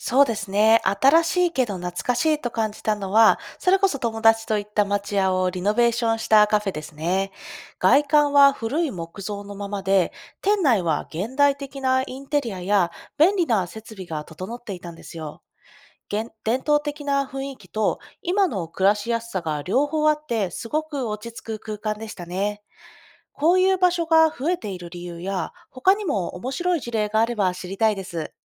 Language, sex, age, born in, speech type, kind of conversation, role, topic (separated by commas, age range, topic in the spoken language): Japanese, female, 30-34, Japan, read, expert-assistant, asker, 昔の人たちが作った道具や建物が壊れそうなとき、どんな工夫で守ったり直したりしているのですか？